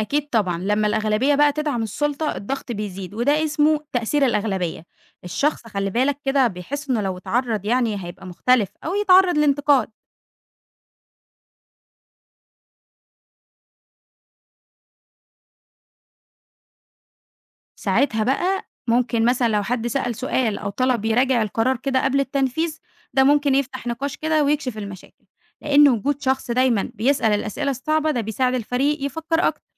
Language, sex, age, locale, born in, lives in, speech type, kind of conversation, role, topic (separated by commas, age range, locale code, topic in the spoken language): Arabic, female, 20-24, ar-EG, Egypt, Egypt, read, expert-assistant, expert, هل فعلاً الناس بتتأثر بشكل كبير بالسلطة حتى لو هما مش موافقين، زي ما بيقولوا في تجارب علم النفس؟